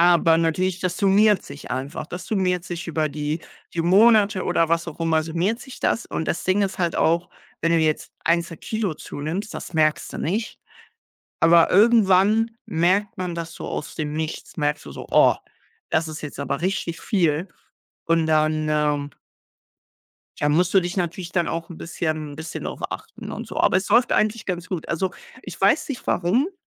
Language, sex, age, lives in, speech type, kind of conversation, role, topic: German, male, 18-19, Germany, conversation, unstructured, none, Wie beeinflusst Sport deine Stimmung?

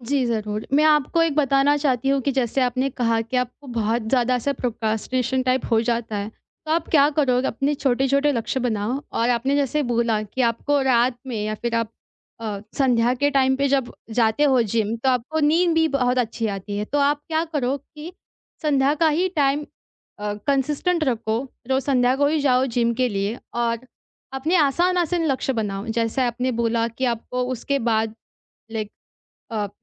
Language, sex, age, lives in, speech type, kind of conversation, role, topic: Hindi, female, 20-24, India, conversation, advice, advisor, कम समय और मन न होने पर भी मैं व्यायाम में नियमित कैसे रहूँ?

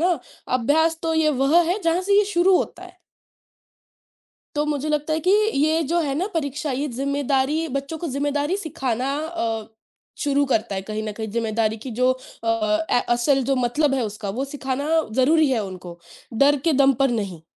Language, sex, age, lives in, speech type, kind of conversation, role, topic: Hindi, female, 20-24, India, conversation, unstructured, none, क्या परीक्षा का दबाव छात्रों के लिए नुकसानदायक होता है?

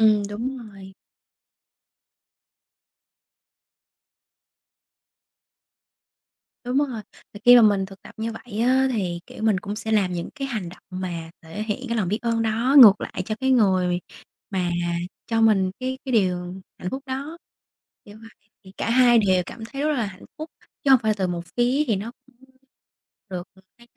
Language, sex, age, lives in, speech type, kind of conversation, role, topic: Vietnamese, female, 25-29, Vietnam, conversation, unstructured, none, Điều gì khiến bạn cảm thấy hạnh phúc nhất trong cuộc sống?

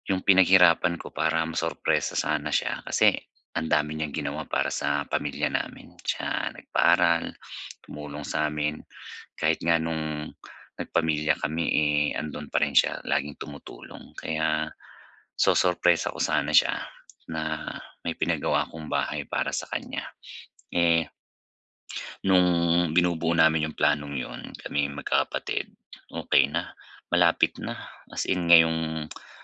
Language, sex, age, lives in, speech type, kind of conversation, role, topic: Filipino, male, 35-39, Philippines, conversation, advice, user, Paano ko muling mabubuo ang sarili ko matapos masira ang mga plano?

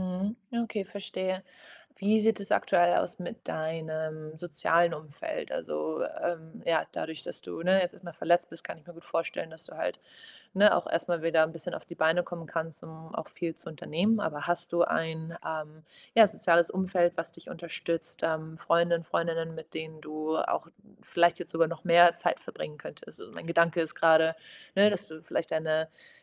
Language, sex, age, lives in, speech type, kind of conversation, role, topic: German, female, 25-29, Portugal, conversation, advice, advisor, Wie finde ich heraus, was mich wirklich begeistert?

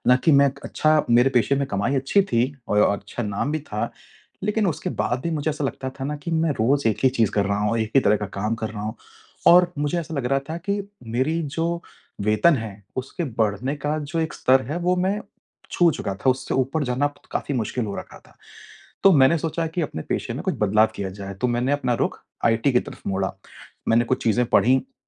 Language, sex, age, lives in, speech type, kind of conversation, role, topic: Hindi, male, 35-39, India, conversation, advice, user, मैं किसी शर्मनाक पल के बाद जल्दी कैसे संभल सकता/सकती हूँ?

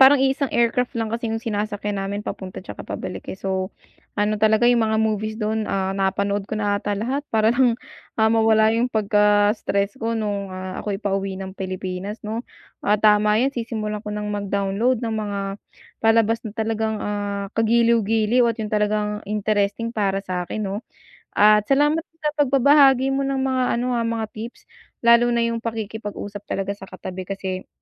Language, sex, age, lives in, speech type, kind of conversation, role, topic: Filipino, female, 25-29, United States, conversation, advice, user, Paano ko mababawasan ang stress at pagod habang naglalakbay?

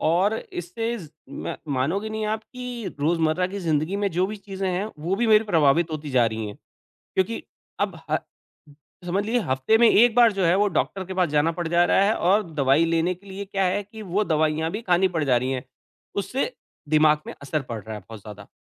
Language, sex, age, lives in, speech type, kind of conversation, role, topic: Hindi, male, 40-44, India, conversation, advice, user, आपके स्वास्थ्य में अचानक क्या बदलाव हुआ है?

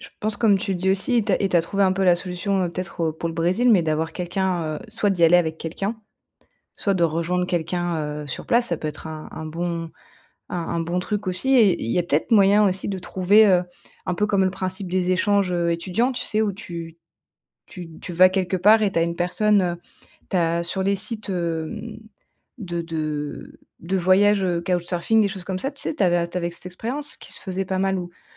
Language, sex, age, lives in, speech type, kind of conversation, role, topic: French, female, 30-34, France, conversation, advice, advisor, Comment puis-je mieux gérer l’anxiété et le stress avant et pendant un voyage ?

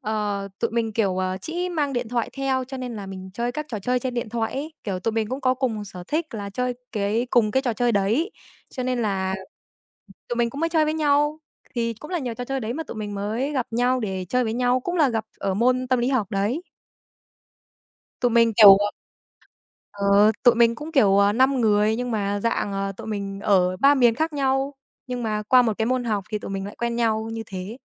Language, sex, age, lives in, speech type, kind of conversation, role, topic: Vietnamese, female, 18-19, Vietnam, conversation, unstructured, none, Bạn thấy môn học nào thú vị nhất ở trường?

